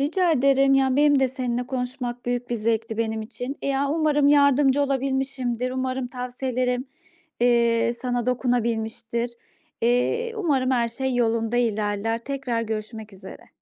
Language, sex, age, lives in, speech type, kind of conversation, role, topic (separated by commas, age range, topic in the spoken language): Turkish, female, 35-39, Austria, conversation, advice, advisor, Reddedilme ve kabul edilmemeyle nasıl başa çıkabilirim?